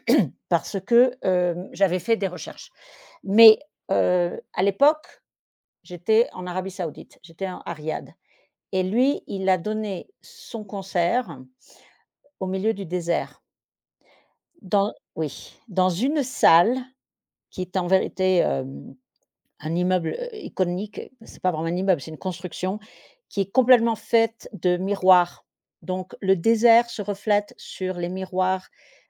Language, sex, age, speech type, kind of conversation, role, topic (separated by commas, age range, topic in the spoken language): French, female, 50-54, conversation, podcast, guest, Quel concert t’a vraiment transformé ?